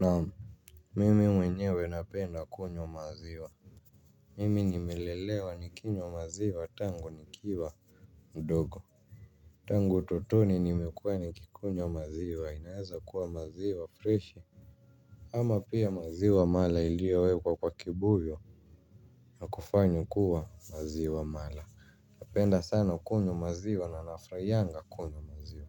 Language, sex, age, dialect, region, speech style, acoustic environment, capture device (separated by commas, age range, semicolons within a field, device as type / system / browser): Swahili, male, 18-24, Kenya - Nairobi Sheng-influenced, Kenya, happy, people talking, mobile / Linux / Chrome